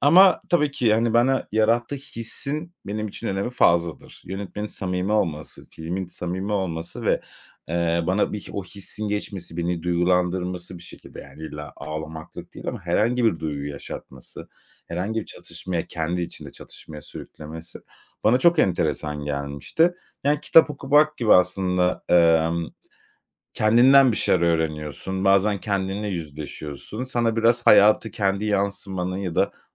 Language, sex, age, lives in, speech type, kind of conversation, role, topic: Turkish, male, 35-39, Spain, conversation, podcast, guest, Hangi film türleri seni daha çok cezbediyor ve neden?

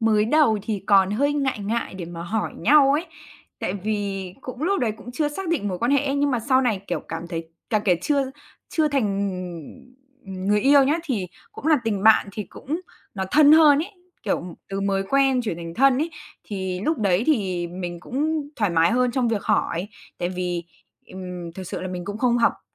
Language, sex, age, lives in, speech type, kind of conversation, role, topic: Vietnamese, female, 20-24, Vietnam, conversation, podcast, guest, Bạn có thể kể về một tình bạn đã ảnh hưởng lớn đến bạn không?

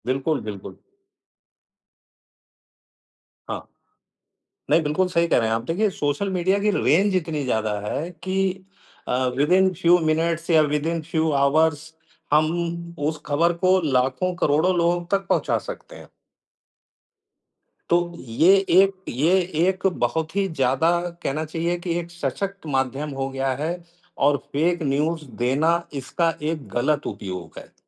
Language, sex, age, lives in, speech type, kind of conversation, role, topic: Hindi, male, 55-59, India, conversation, unstructured, none, क्या आपको लगता है कि आजकल झूठी खबरें ज़्यादा फैल रही हैं?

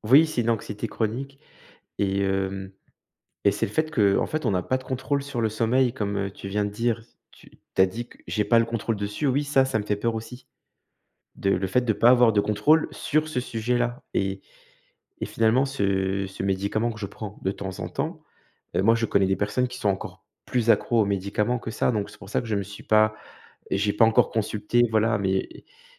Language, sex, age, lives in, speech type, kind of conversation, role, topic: French, male, 35-39, France, conversation, advice, user, Comment décririez-vous votre anxiété liée au sommeil ou votre peur de ne pas pouvoir dormir ?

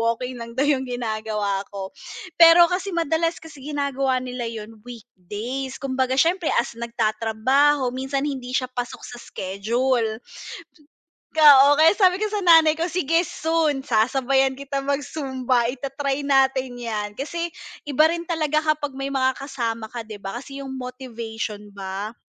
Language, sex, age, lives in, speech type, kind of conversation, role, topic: Filipino, female, 30-34, Philippines, conversation, unstructured, none, Anong uri ng ehersisyo ang madalas mong ginagawa?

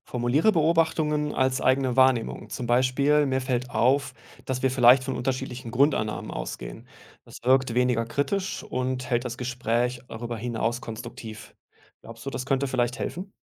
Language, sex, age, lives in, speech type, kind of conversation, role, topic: German, male, 35-39, Germany, read, expert-assistant, expert, Was macht eine gute Quelle aus, wenn es um Fragen des Glaubens geht?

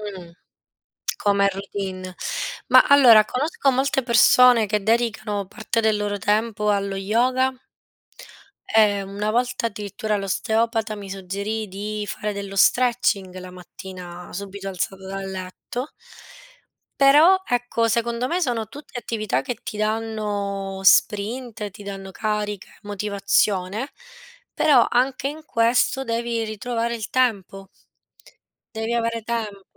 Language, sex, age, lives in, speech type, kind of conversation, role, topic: Italian, female, 30-34, Italy, conversation, unstructured, none, Qual è la tua routine mattutina ideale?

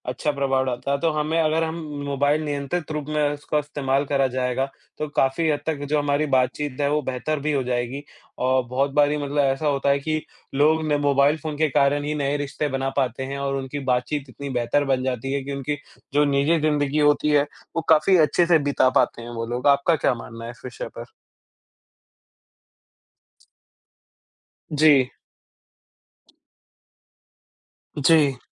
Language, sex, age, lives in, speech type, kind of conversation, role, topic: Hindi, male, 20-24, India, conversation, unstructured, none, क्या आपको लगता है कि मोबाइल फ़ोन हमारी बातचीत को खराब कर रहे हैं?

